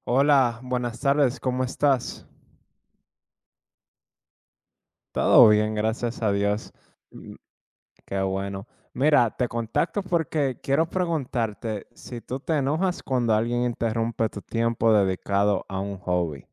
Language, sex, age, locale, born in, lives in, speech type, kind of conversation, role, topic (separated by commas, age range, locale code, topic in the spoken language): Spanish, male, 25-29, es-DO, United States, United States, conversation, unstructured, none, ¿Te enojas cuando alguien interrumpe el tiempo que dedicas a tu pasatiempo?